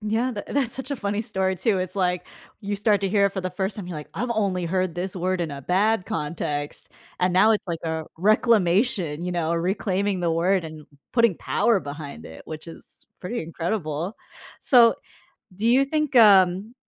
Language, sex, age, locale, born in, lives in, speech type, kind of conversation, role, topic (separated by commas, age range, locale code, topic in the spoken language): English, female, 25-29, en-US, United States, United States, conversation, podcast, host, Why do you think music is such a powerful source of comfort during difficult times?